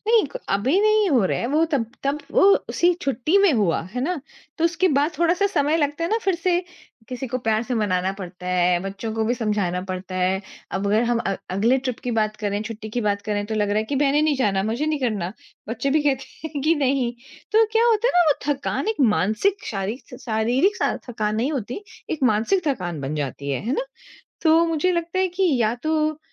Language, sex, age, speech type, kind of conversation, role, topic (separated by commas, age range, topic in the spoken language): Hindi, female, 40-44, conversation, advice, user, छुट्टी के बाद भी मुझे तरोताज़ा क्यों नहीं महसूस होता है?